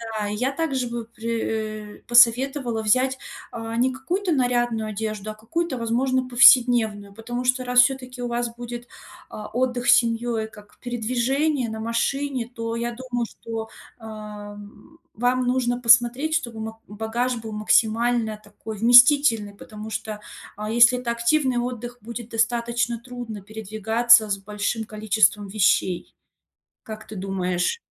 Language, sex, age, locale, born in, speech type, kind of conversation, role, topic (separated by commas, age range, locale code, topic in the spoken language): Russian, female, 30-34, ru-RU, Russia, conversation, advice, advisor, Как спланировать короткий отпуск, если у меня мало свободного времени?